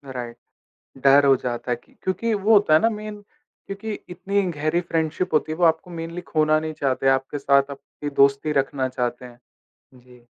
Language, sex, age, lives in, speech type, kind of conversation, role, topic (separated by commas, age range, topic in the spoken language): Hindi, male, 20-24, India, conversation, podcast, host, Maafi maangne mein sirf alfaaz nahi, actions bhi kitne zaroori hote hain—tum isko kitni importance dete ho?